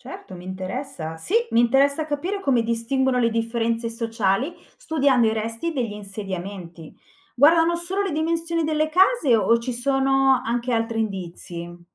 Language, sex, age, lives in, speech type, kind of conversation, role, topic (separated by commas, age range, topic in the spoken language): Italian, female, 45-49, Italy, read, expert-assistant, asker, Perché certe antiche società seppellivano i loro morti con oggetti preziosi? Cosa ci dicono questi rituali sulle norme sociali e sui valori culturali dell’epoca?